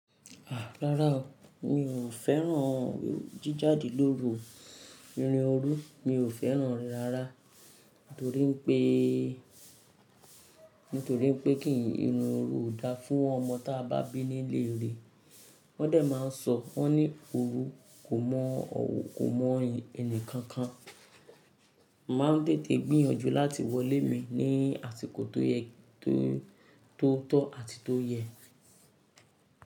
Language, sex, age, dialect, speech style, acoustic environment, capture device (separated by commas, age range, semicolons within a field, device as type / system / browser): Yoruba, female, 35-44, Nigeria - Lagos Yoruba, happy, silence, mobile / Linux / Chrome